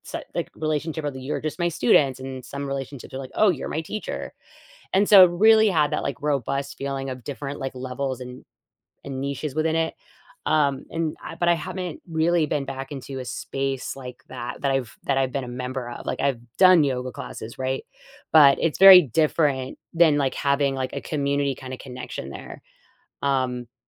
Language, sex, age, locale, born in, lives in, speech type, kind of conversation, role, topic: English, female, 40-44, en-US, United States, United States, conversation, advice, user, How can I make new friends?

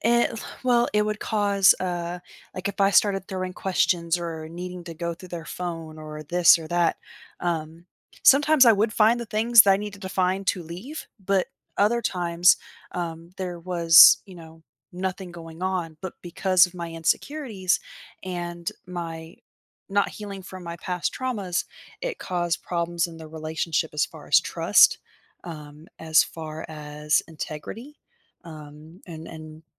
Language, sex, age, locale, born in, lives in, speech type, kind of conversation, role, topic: English, female, 30-34, en-US, United States, United States, conversation, podcast, guest, How can facing your fears lead to personal growth and new opportunities?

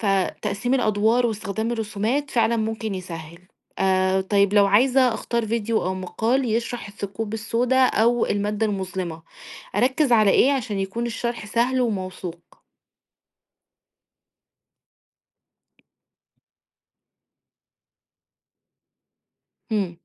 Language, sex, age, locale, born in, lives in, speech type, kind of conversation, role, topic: Arabic, female, 30-34, ar-EG, Egypt, Egypt, read, expert-assistant, asker, لو عايز أركز أكتر وأفهم مفاهيم معقدة زي الثقوب السودا أو المادة المظلمة، إيه النصايح العملية للمذاكرة أو البحث؟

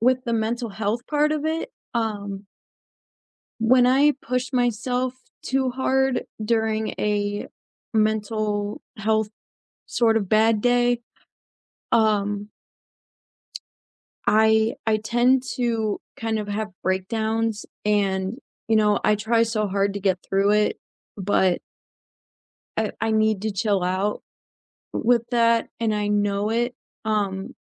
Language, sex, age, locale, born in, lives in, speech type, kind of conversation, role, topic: English, female, 20-24, en-US, United States, United States, conversation, unstructured, none, How do you deal with days when your body and mind disagree about what you can handle?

